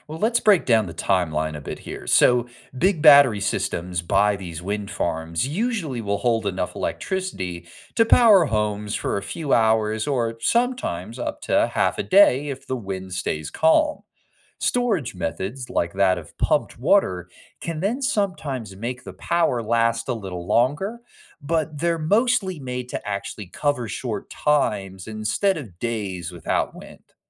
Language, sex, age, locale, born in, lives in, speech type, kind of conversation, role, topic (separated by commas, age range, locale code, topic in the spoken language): English, male, 25-29, en-US, United States, United States, read, expert-assistant, expert, How does wind energy get turned into electricity and delivered to homes?